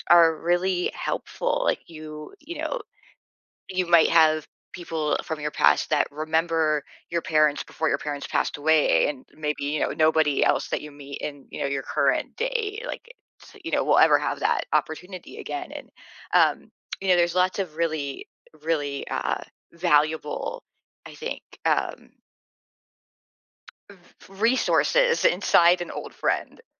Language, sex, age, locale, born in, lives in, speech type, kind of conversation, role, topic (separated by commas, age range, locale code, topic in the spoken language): English, female, 45-49, en-US, United States, United States, conversation, advice, advisor, How can I reconnect with an old friend?